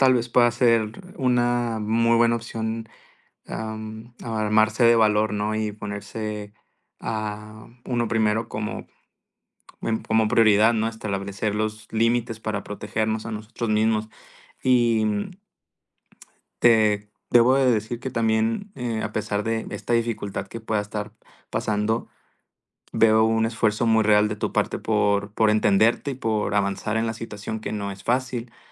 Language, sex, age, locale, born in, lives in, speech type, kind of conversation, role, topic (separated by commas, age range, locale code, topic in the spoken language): Spanish, male, 20-24, es-MX, Mexico, Mexico, conversation, advice, advisor, ¿Cómo puedo mantener una amistad con mi ex mientras intento sanar?